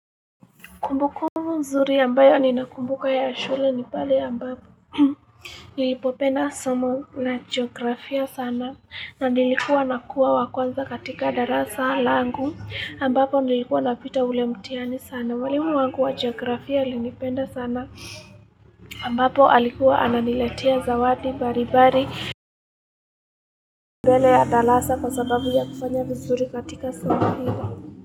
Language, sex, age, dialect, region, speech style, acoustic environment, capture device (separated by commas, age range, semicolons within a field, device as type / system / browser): Swahili, female, 18-24, Kenya - Nairobi Sheng-influenced, Kenya, happy, people talking, mobile / Linux / Chrome